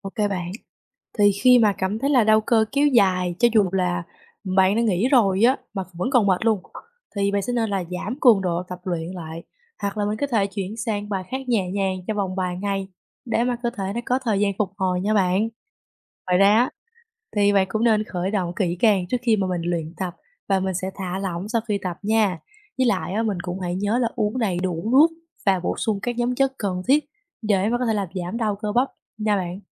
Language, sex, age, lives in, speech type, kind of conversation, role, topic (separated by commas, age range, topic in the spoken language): Vietnamese, female, 20-24, Vietnam, read, expert-assistant, expert, Lý do nên duy trì thói quen tập thể dục thường xuyên